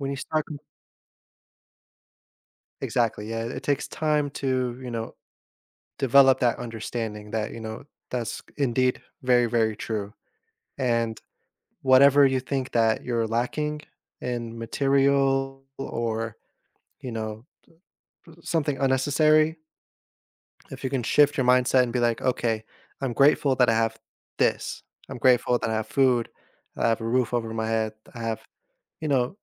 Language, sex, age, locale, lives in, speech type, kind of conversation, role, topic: English, male, 30-34, en-US, United States, conversation, podcast, host, What are some realistic ways to improve financial stability when money is tight?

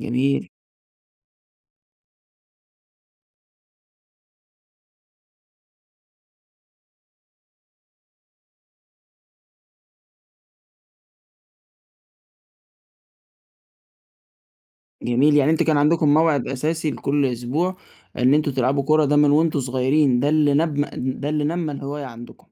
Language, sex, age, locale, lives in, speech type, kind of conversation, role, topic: Arabic, male, 20-24, ar-EG, Egypt, conversation, podcast, host, إزاي بتنظّم وقتك عشان تبقى منتج في هواياتك؟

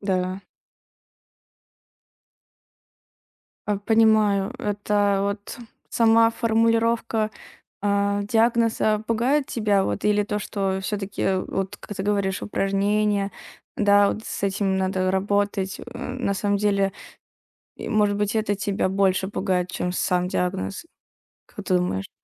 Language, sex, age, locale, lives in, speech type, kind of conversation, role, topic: Russian, female, 20-24, ru-RU, Estonia, conversation, advice, advisor, Как справиться с тревогой после неожиданного диагноза и неопределённостью будущего?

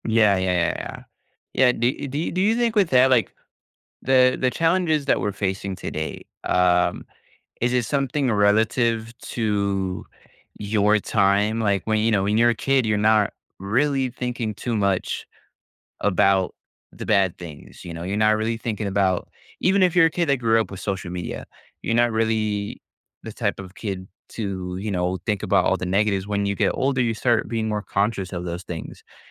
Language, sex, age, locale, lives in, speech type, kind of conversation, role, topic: English, male, 20-24, en-US, United States, conversation, podcast, host, How can we work together to address the most pressing issues facing our world today?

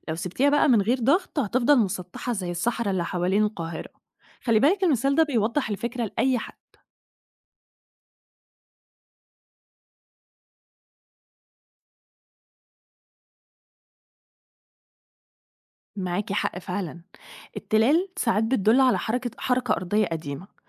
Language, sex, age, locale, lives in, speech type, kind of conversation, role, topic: Arabic, female, 30-34, ar-EG, Egypt, read, expert-assistant, expert, إيه أحسن طريقة نعرف بيها ليه في أماكن فيها جبال وأماكن تانية كلها صحرا؟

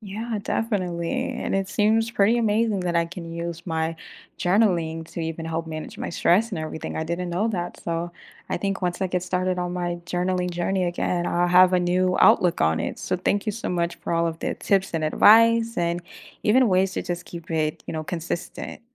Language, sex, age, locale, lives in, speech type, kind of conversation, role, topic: English, female, 25-29, en-US, United States, read, expert-assistant, asker, What does creative journaling look like in practice, and is it more about writing, art, or both?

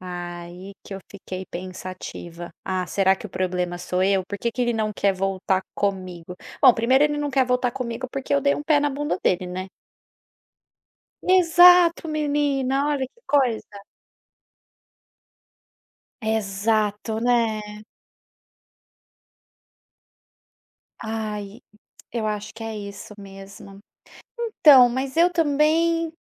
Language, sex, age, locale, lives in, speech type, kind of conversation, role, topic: Portuguese, female, 30-34, pt-BR, Spain, conversation, advice, user, Como me sinto ao ver o meu ex nas redes sociais e sentir ciúmes?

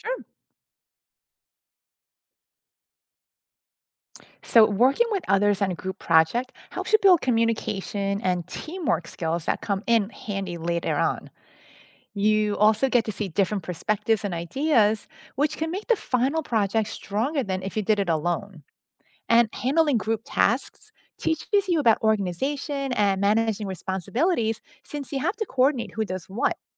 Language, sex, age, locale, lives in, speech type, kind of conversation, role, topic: English, female, 50-54, en-US, United States, read, expert-assistant, expert, What can you gain from participating in group projects?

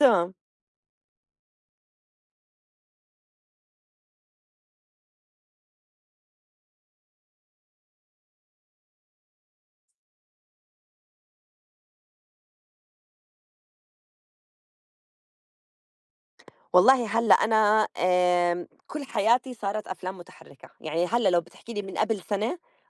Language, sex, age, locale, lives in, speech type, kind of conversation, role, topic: Arabic, female, 35-39, ar-JO, United States, conversation, unstructured, none, إيه اللي بيميز أفلام الرسوم المتحركة عن باقي الأفلام؟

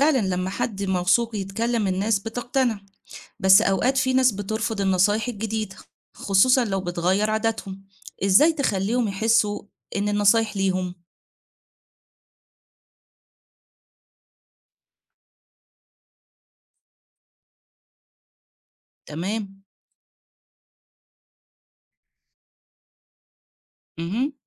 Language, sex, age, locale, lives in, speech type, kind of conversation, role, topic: Arabic, female, 40-44, ar-EG, Egypt, read, expert-assistant, asker, لو عايزين نوصل نصايح الصحة للناس في المناطق الشعبية، نمشي بإيه نظام أو خطة؟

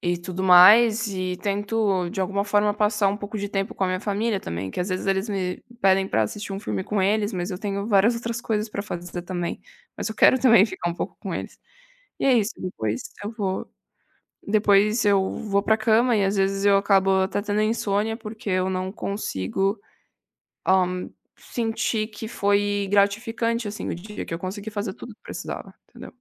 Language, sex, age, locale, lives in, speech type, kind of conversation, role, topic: Portuguese, female, 20-24, pt-BR, Italy, conversation, advice, user, Como posso encontrar tempo para práticas criativas entre o trabalho e as responsabilidades familiares?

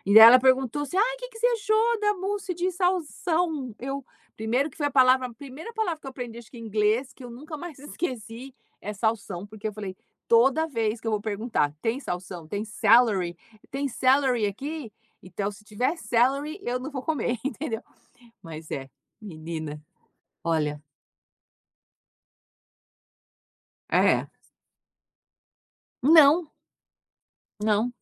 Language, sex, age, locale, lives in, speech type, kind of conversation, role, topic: Portuguese, female, 50-54, pt-BR, United States, conversation, unstructured, none, Você tem alguma receita de família especial?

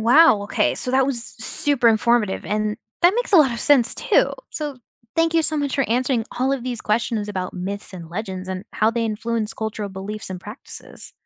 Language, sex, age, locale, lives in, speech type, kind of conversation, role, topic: English, other, 20-24, en-US, United States, read, expert-assistant, asker, How do myths and legends influence cultural beliefs and practices?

